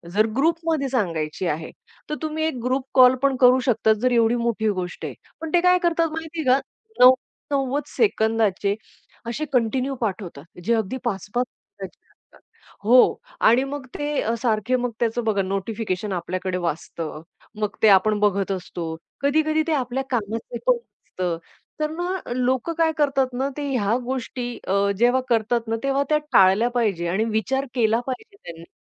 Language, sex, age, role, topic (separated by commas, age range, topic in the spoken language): Marathi, female, 40-44, guest, व्हॉइस नोटसाठी आदर्श लांबी किती असावी असे तुम्हाला वाटते?